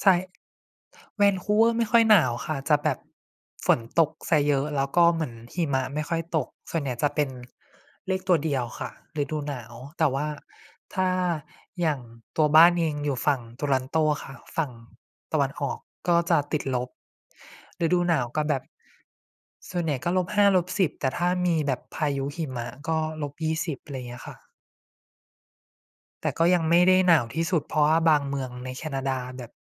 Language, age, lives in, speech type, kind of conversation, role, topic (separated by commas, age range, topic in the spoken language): Thai, 25-29, Thailand, conversation, unstructured, none, สถานที่ในฝันที่คุณอยากไปพักผ่อนคือที่ไหน?